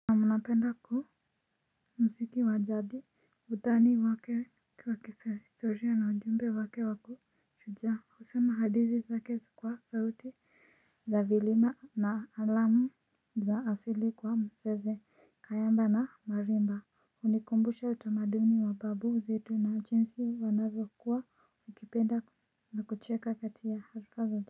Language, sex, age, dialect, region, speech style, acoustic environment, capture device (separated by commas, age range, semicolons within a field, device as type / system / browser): Swahili, female, 25-34, Kenya - Nairobi Sheng-influenced, Kenya, relaxed, silence, mobile / Linux / Chrome